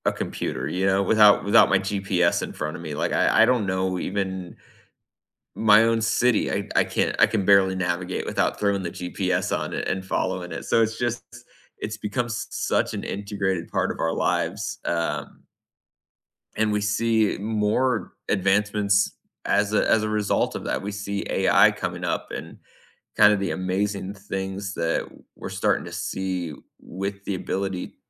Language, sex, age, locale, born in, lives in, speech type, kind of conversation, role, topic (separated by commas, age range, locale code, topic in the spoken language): English, male, 35-39, en-US, United States, United States, conversation, unstructured, none, What do you think is the most important invention in history?